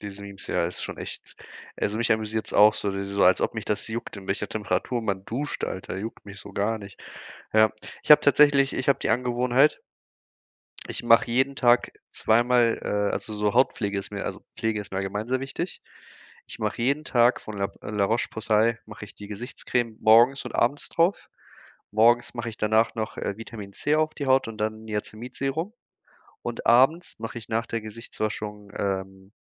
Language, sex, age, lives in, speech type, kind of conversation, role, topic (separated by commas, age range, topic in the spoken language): German, male, 25-29, Germany, conversation, unstructured, none, Wie beeinflussen deine Gewohnheiten deine Stimmung?